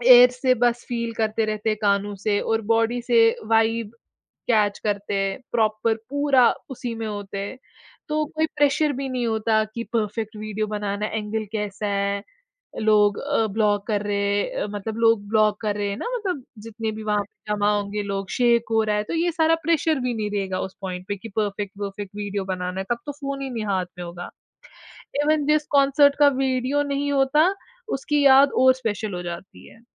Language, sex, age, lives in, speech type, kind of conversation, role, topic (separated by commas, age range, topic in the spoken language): Hindi, female, 20-24, India, conversation, podcast, guest, Kya tum concerts mein photos ya videos zyada lete ho, kyun?